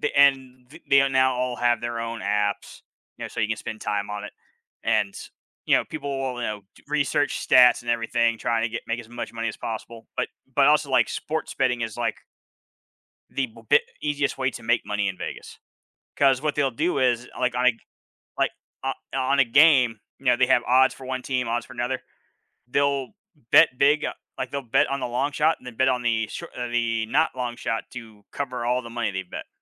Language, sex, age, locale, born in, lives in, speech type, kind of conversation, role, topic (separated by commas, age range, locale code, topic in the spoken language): English, male, 40-44, en-US, United States, United States, conversation, unstructured, none, How can we recognize and change habits that make us less productive?